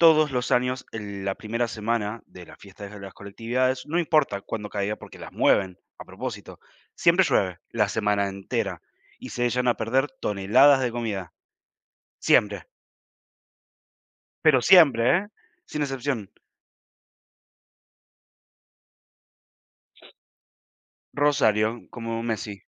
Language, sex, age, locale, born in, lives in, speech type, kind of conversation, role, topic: Spanish, male, 20-24, es-AR, Argentina, Spain, conversation, unstructured, none, ¿Puedes contarme una anécdota divertida de alguna celebración cultural?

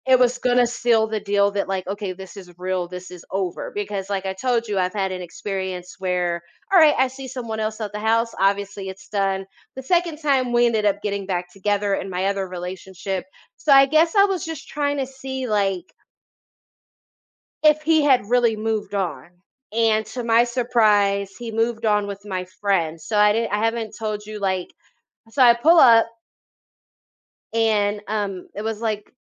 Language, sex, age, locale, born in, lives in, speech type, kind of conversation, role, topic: English, female, 30-34, en-US, United States, United States, conversation, advice, user, How can I address feeling betrayed by a close friend and decide whether to repair the relationship?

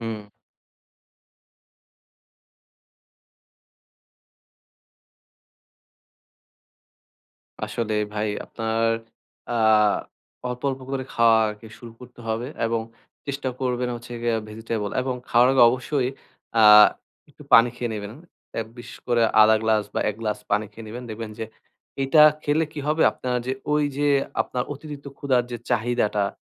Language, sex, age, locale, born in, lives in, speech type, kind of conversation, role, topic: Bengali, male, 20-24, bn-BD, Bangladesh, Bangladesh, conversation, advice, advisor, সামাজিক ভোজে কীভাবে খাবারের পরিমাণ নিয়ন্ত্রণ করতে পারি?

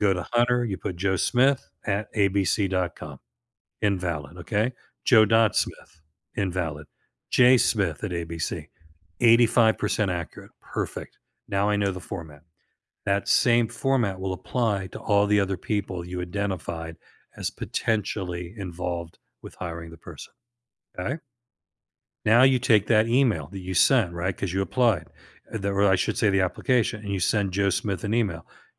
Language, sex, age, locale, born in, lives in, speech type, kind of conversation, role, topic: English, male, 65-69, en-US, United States, United States, conversation, advice, advisor, How can I balance work and family without feeling overwhelmed?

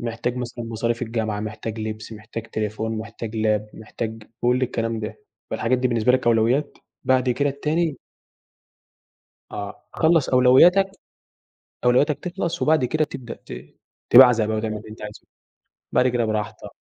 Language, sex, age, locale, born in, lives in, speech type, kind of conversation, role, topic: Arabic, male, 18-19, ar-EG, Egypt, Egypt, conversation, unstructured, none, هل الفلوس ساعدتك قبل كده إنك تحقق حلم من أحلامك؟ إزاي؟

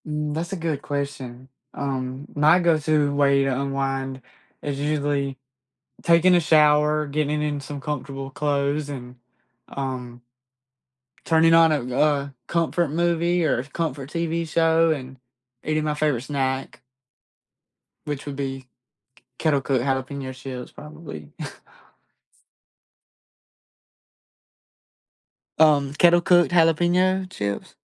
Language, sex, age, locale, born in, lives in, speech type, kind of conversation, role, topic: English, male, 20-24, en-US, United States, United States, conversation, unstructured, none, What is your go-to way to unwind after a busy day?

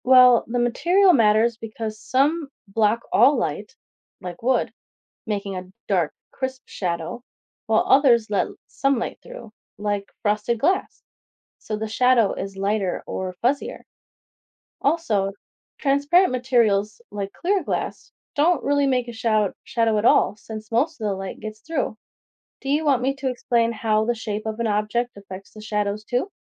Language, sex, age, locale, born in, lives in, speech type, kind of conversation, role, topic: English, female, 25-29, en-US, United States, United States, read, expert-assistant, expert, What causes a shadow to form?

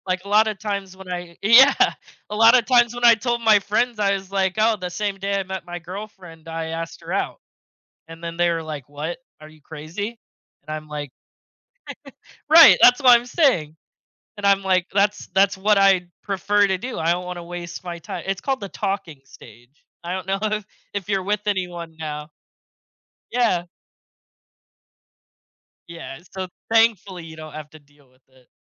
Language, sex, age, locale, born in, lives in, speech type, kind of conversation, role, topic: English, male, 20-24, en-US, United States, United States, conversation, podcast, guest, How has social media influenced the way we connect and communicate with others?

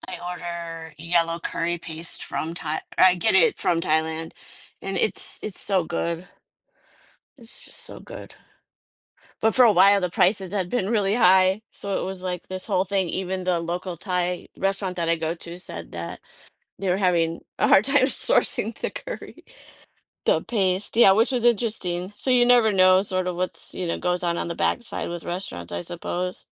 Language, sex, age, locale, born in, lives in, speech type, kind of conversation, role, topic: English, female, 50-54, en-US, United States, United States, conversation, podcast, host, What encourages you to be adventurous with food and try unfamiliar flavors?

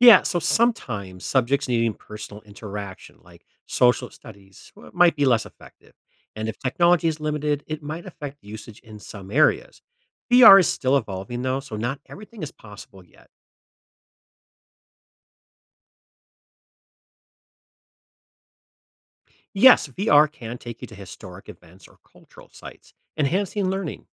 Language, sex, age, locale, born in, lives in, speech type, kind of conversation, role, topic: English, male, 45-49, en-US, United States, United States, read, expert-assistant, expert, How does virtual reality influence learning experiences?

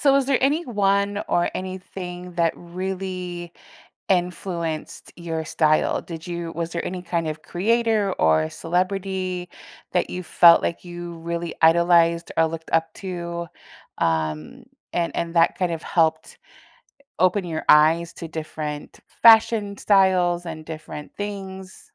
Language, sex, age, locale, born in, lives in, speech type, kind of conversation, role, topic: English, female, 40-44, en-US, United States, United States, conversation, podcast, host, What experiences or influences have shaped the way you express your personal style?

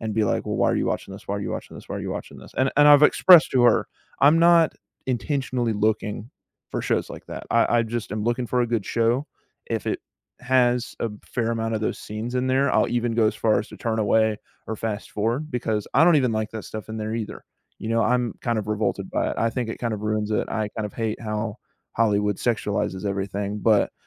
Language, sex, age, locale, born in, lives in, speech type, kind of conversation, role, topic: English, male, 18-19, en-US, United States, United States, conversation, advice, user, How can I resolve a misunderstanding with my partner and improve our communication?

